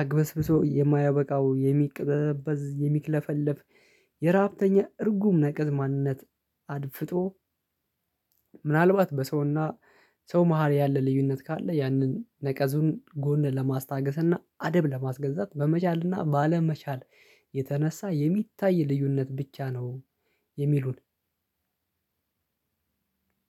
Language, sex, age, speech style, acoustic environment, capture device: Amharic, male, 25-34, relaxed; happy, silence, mobile / Linux / Chrome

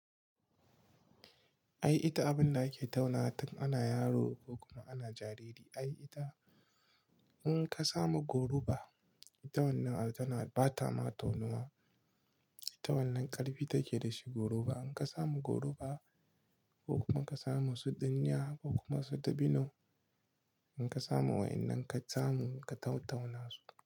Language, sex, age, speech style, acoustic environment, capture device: Hausa, male, 25-34, relaxed; excited; happy, silence; nature, mobile / Linux / Chrome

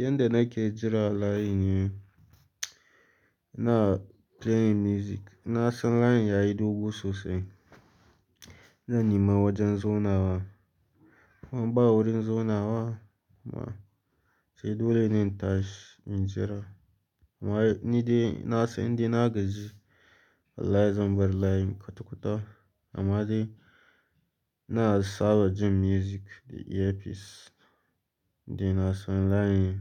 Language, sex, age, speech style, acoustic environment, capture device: Hausa, male, 18-24, anxious; focused, silence, mobile / Linux / Chrome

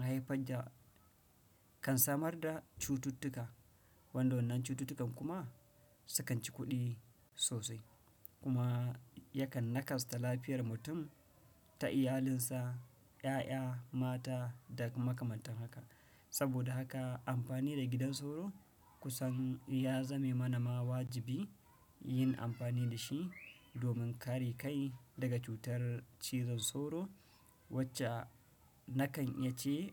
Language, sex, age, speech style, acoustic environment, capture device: Hausa, male, 35-44, relaxed; tired; anxious; happy; focused; excited; nervous; stressed, nature; people talking; appliances, desktop / Windows / Chrome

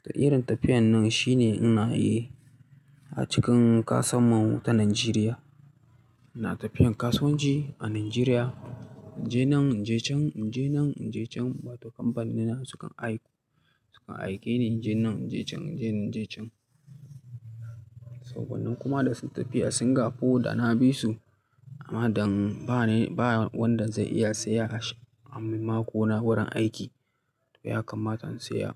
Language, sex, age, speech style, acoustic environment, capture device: Hausa, male, 25-34, relaxed, silence, mobile / Linux / Chrome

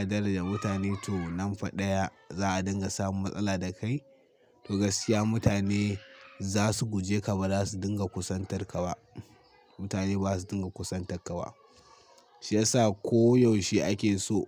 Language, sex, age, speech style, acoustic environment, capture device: Hausa, male, 18-24, happy; excited; focused, nature, mobile / Linux / Chrome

